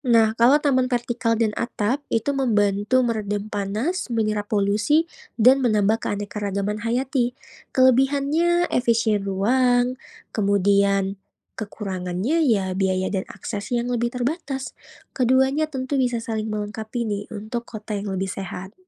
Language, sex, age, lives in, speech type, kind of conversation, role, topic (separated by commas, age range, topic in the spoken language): Indonesian, female, 25-29, Indonesia, read, expert-assistant, expert, Apa yang dimaksud dengan 'ruang terbuka hijau' di kota, dan mengapa perannya penting bagi warga kota?